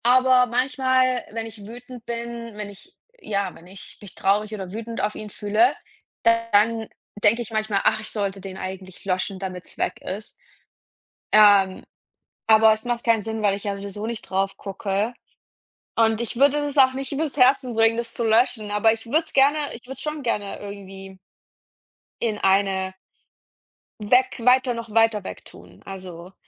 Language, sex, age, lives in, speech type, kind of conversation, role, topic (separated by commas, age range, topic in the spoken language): German, female, 30-34, Germany, conversation, unstructured, none, Was hilft dir, wenn du einen geliebten Menschen verloren hast?